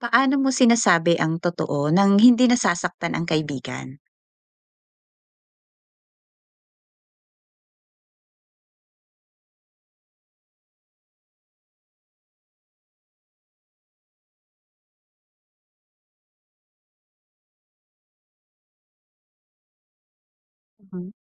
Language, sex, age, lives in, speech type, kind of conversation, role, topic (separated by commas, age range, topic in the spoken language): Filipino, female, 40-44, Philippines, conversation, podcast, host, Paano mo sasabihin ang totoo nang hindi nasasaktan ang kaibigan mo?